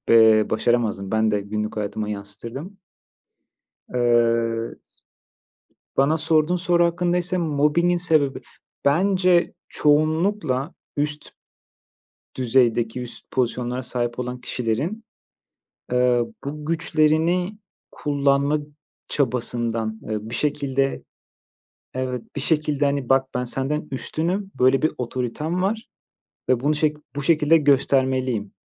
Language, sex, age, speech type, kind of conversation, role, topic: Turkish, male, 30-34, conversation, unstructured, none, İş yerinde mobbing neden bu kadar sık görülüyor?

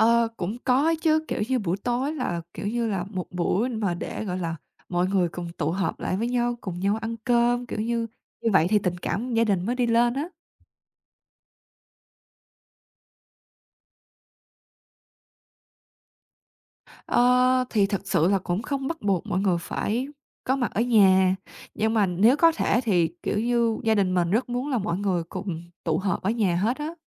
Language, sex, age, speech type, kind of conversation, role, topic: Vietnamese, female, 20-24, conversation, podcast, guest, Buổi tối, gia đình bạn thường làm gì cùng nhau?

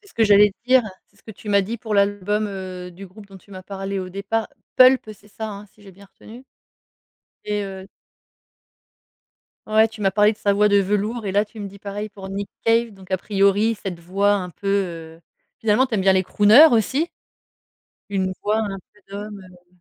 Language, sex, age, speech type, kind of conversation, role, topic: French, female, 40-44, conversation, podcast, host, Quel album emporterais-tu sur une île déserte ?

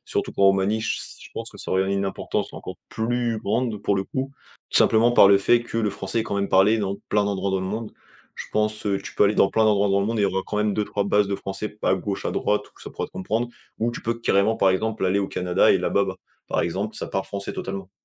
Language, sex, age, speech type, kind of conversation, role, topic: French, male, 20-24, conversation, podcast, guest, Comment favoriser l’inclusion des nouveaux arrivants ?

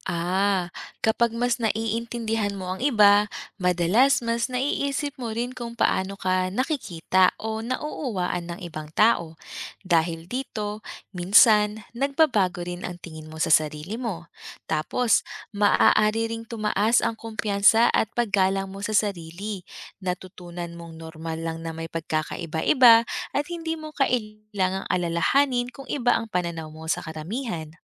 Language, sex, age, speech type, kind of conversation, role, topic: Filipino, female, 30-34, read, expert-assistant, expert, Paano nakakatulong ang paglalakbay sa paglawak ng pananaw ng tao?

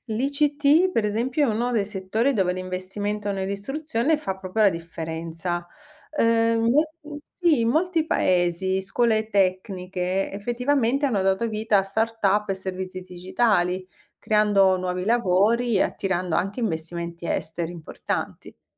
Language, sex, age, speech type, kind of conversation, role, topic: Italian, female, 45-49, read, expert-assistant, expert, Come potrebbero cambiare le opportunità di lavoro se investissimo di più nell’istruzione nei paesi in crescita?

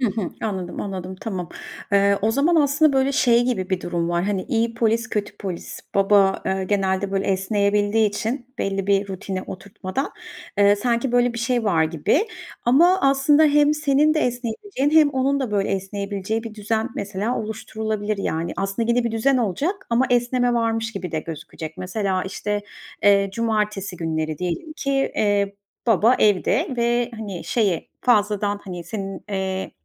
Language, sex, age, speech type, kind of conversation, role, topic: Turkish, female, 40-44, conversation, advice, advisor, Çocuk yetiştirme konusunda ebeveynler arasındaki fikir ayrılığını nasıl yönetebilirsiniz?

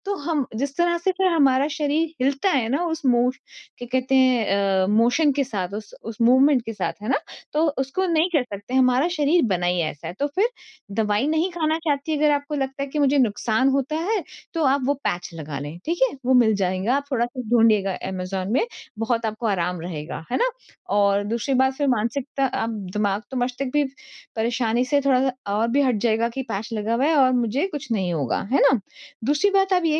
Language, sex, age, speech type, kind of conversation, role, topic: Hindi, female, 40-44, conversation, advice, advisor, मैं यात्रा की चिंता और अनिश्चितता को कैसे कम करूँ?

